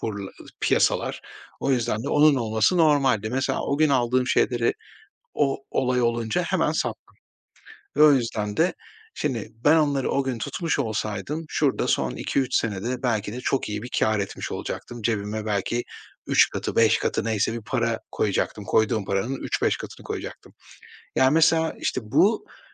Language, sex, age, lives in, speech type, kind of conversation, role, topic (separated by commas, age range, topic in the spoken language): Turkish, male, 45-49, Spain, conversation, advice, user, Başarısızlık korkusu yüzünden yeni bir projeye başlamayı neden erteliyorum?